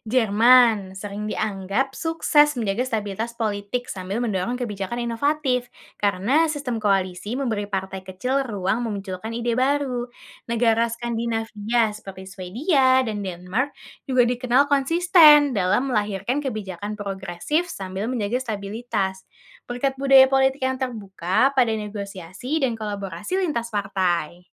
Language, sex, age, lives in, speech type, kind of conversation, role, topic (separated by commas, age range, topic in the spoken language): Indonesian, female, 20-24, Indonesia, read, expert-assistant, expert, Apa keuntungan dan kerugian jika negara memakai dua partai besar dibanding banyak partai dalam politiknya?